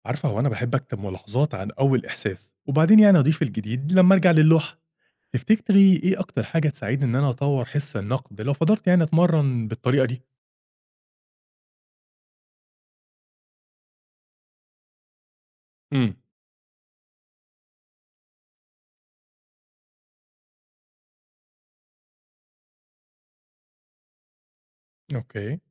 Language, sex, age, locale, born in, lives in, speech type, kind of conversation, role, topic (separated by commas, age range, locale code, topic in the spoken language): Arabic, male, 30-34, ar-EG, Egypt, Egypt, read, expert-assistant, asker, إزاي أقدر أمارس النقد الفني بشكل موضوعي ومن غير ما أتأثر بذوقي الشخصي؟